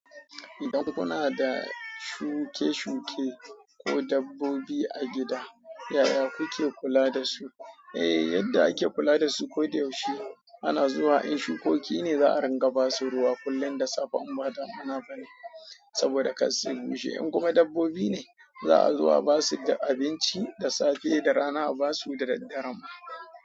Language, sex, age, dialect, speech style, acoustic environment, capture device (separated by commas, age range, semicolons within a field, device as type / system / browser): Hausa, male, 25-34, Nigeria - Kano, excited; happy, people talking; silence, mobile / Android / Chrome